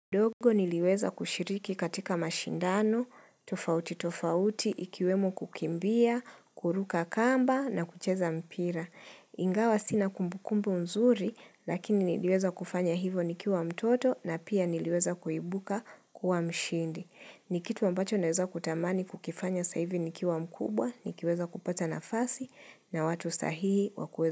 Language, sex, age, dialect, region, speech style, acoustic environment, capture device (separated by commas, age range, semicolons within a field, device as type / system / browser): Swahili, female, 25-34, Kenya - Nairobi Sheng-influenced, Kenya, relaxed; happy; nervous; excited; focused, silence; traffic, mobile / Linux / Chrome